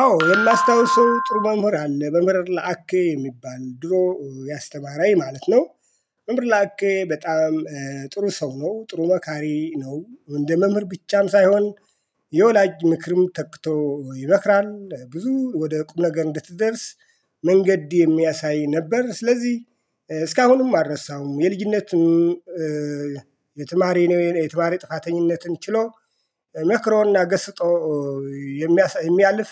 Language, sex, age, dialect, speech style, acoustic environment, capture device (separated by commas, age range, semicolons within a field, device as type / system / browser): Amharic, male, 45-54, Ethiopia - Addis Ababa, excited, silence, mobile / Linux / Chrome